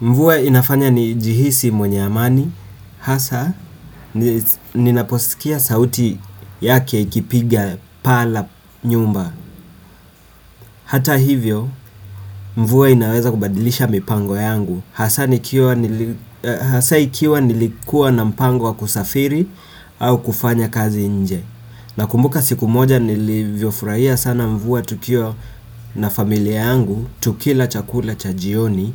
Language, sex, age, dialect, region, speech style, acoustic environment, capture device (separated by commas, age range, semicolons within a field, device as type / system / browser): Swahili, female, 35-44, Kenya - Nairobi Sheng-influenced, Kenya, nervous, appliances, desktop / Windows / Chrome